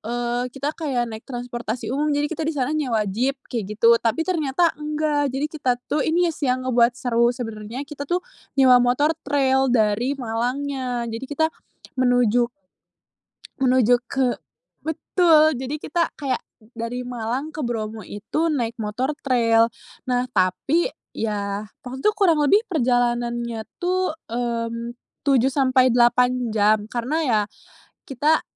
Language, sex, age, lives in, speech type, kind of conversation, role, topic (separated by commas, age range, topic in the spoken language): Indonesian, female, 20-24, Indonesia, conversation, podcast, guest, Perjalanan apa yang paling berkesan dalam hidupmu?